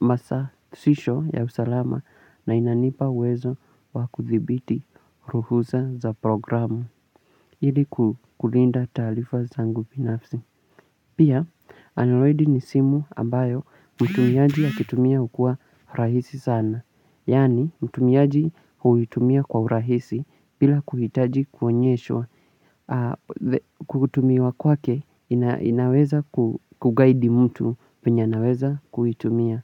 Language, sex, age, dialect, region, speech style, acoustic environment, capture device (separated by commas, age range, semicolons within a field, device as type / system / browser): Swahili, male, 18-24, Kenya - Mombasa, Kenya, relaxed, nature, mobile / Linux / Chrome